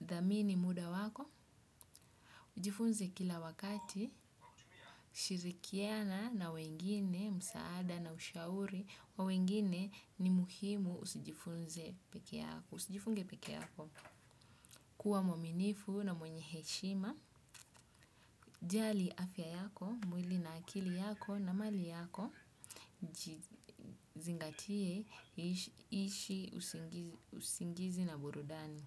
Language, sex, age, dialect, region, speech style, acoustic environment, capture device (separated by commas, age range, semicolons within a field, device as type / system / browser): Swahili, male, 25-34, Kenya - Mombasa, Kenya, focused, silence, desktop / Windows / Chrome